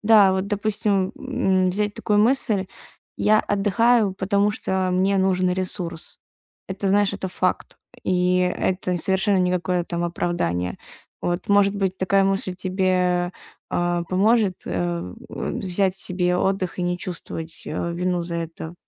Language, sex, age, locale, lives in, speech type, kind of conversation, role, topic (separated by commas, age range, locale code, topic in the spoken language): Russian, female, 20-24, ru-RU, Estonia, conversation, advice, advisor, Как научиться отдыхать без чувства вины?